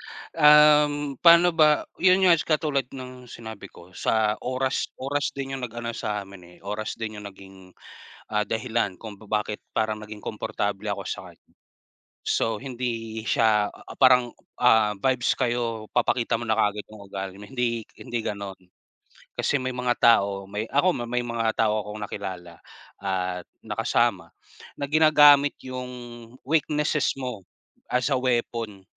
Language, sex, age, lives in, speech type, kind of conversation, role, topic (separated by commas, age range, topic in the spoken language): Filipino, male, 30-34, Philippines, conversation, unstructured, none, Paano mo ipinapakita ang tunay mong sarili sa ibang tao?